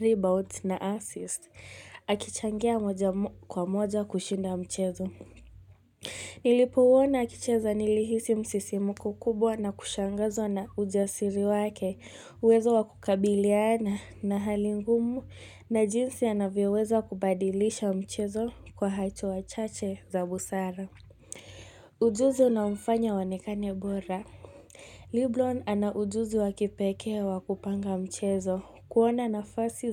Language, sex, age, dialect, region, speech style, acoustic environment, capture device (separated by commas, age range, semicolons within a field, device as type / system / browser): Swahili, female, 18-24, Kenya - Mombasa, Kenya, tired, people talking; music, mobile / Linux / Chrome